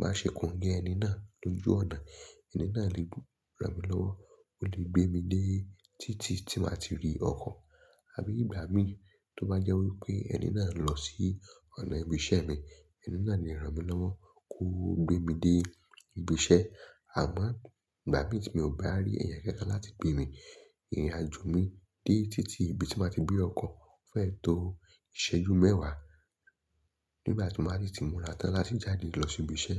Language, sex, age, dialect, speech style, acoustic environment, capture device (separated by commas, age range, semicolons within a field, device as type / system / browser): Yoruba, male, 25-34, Nigeria - Lagos Yoruba, focused; excited; relaxed, traffic; silence; nature, mobile / Linux / Chrome